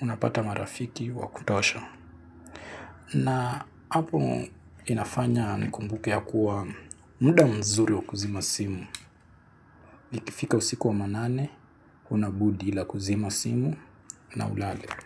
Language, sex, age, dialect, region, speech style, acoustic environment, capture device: Swahili, male, 18-24, Kenya - Nairobi Sheng-influenced, Kenya, relaxed, silence, mobile / Linux / Chrome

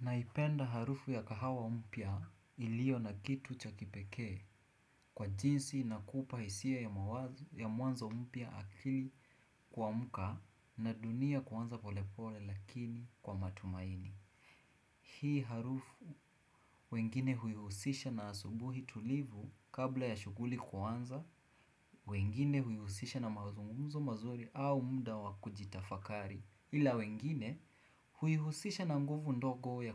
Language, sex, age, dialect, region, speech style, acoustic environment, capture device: Swahili, male, 18-24, Kenya - Mombasa, Kenya, happy, nature, desktop / Windows / Chrome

